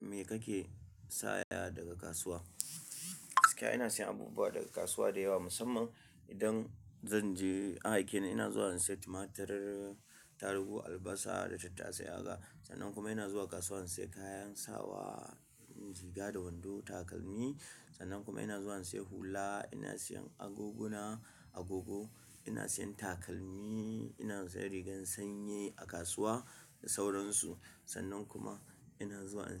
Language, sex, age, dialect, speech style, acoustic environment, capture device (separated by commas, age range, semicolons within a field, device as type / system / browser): Hausa, male, 25-34, Nigeria - Kano, excited, silence, mobile / Linux / Chrome